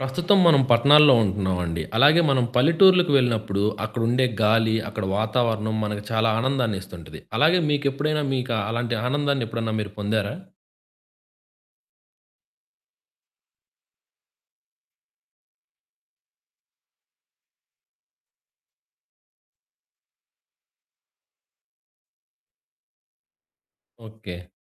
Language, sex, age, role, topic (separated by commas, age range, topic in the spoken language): Telugu, male, 20-24, host, పల్లె గాలిలోని సువాసన నీకు గుర్తుందా—దానిని వివరించగలవా?